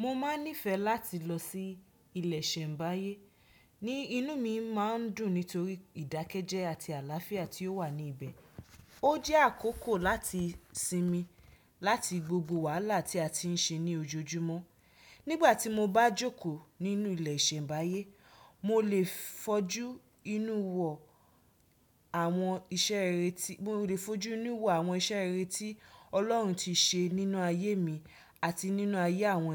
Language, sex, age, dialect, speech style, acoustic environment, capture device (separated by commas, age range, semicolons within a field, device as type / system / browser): Yoruba, female, 25-34, Nigeria - Nigerian Standard, focused, people talking, desktop / Windows / Chrome